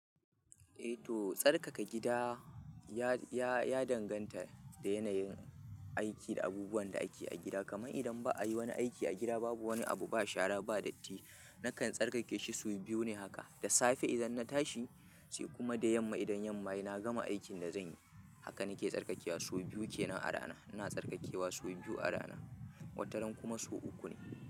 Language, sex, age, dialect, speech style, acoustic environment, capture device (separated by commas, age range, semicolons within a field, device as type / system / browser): Hausa, male, 25-34, Nigeria - Kano, happy; excited; relaxed; focused, people talking; music; nature, mobile / Linux / Chrome